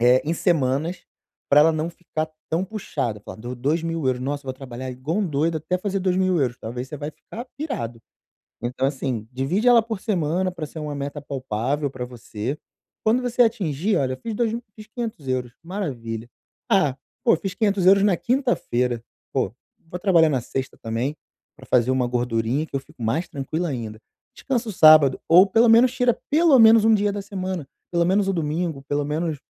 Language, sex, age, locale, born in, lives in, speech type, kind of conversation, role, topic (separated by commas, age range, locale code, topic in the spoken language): Portuguese, male, 35-39, pt-BR, Brazil, Portugal, conversation, advice, advisor, Como equilibrar descanso e produtividade nos fins de semana?